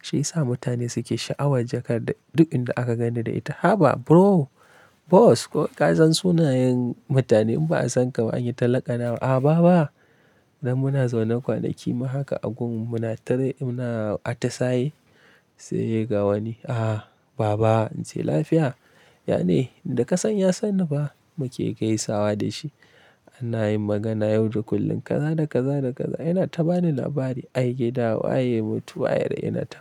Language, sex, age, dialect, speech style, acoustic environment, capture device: Hausa, male, 25-34, Nigeria - Kano, happy, nature, mobile / Linux / Chrome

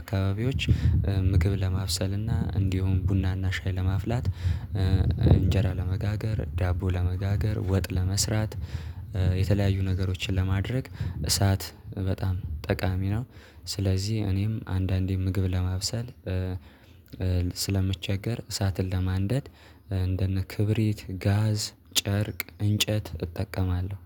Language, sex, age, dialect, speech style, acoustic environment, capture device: Amharic, male, 18-24, Ethiopia - Addis Ababa, happy, silence, mobile / Linux / Chrome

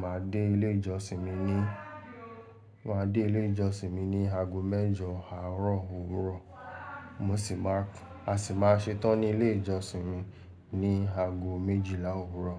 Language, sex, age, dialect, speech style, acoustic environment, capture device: Yoruba, male, 18-24, Nigeria - Lagos Yoruba, relaxed, people talking, mobile / Linux / Chrome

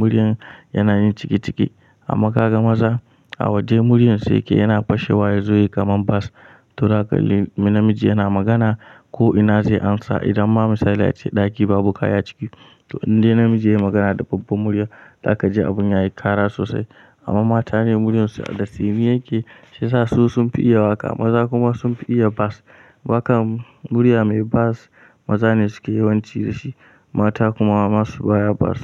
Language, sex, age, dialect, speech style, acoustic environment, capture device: Hausa, male, 18-24, Nigeria - Kano, tired; stressed, nature, mobile / Linux / Chrome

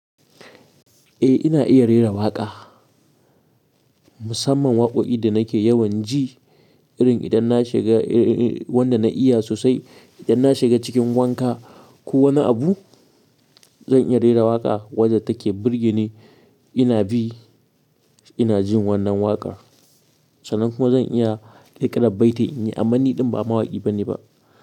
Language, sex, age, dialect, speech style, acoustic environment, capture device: Hausa, male, 18-24, Nigeria - Katsina, relaxed, silence, mobile / Linux / Chrome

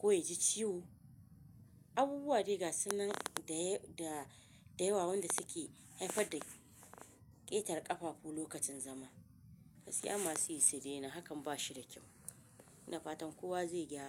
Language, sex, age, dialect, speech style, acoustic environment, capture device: Hausa, female, 25-34, Nigeria - Kano, relaxed, nature, mobile / Linux / Chrome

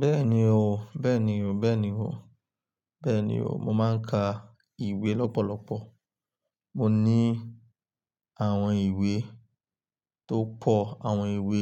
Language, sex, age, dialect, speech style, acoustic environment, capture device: Yoruba, male, 25-34, Nigeria - Lagos Yoruba, focused; stressed; relaxed, silence; nature, desktop / Linux / Chrome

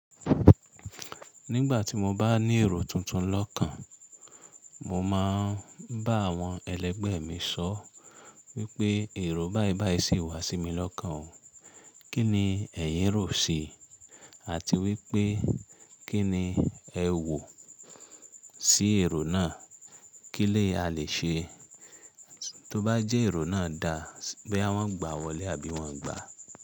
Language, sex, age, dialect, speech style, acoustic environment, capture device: Yoruba, female, 25-34, Nigeria - Ibadan, relaxed, silence; nature, mobile / Linux / Chrome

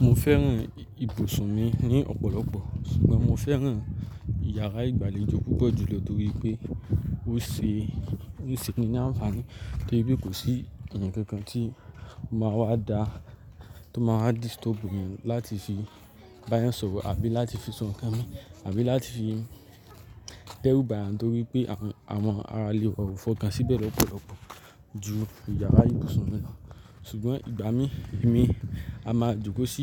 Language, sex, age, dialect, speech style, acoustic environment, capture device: Yoruba, male, 18-24, Nigeria - Lagos Yoruba, focused, silence, mobile / Linux / Chrome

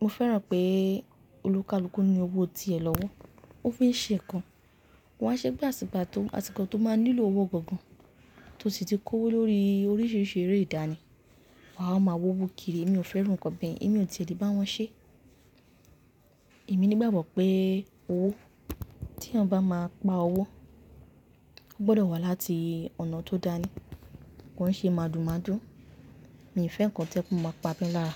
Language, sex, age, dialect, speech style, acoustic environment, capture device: Yoruba, female, 18-24, Nigeria - Nigerian Standard, nervous; excited, people talking; nature, mobile / Linux / Chrome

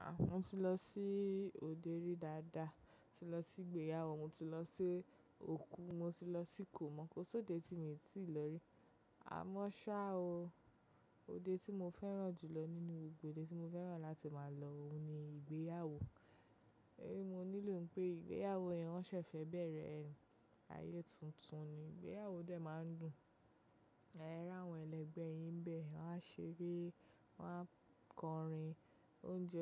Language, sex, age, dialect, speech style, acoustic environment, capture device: Yoruba, female, 25-34, Nigeria - Lagos Yoruba, relaxed, silence; nature, mobile / macOS / Safari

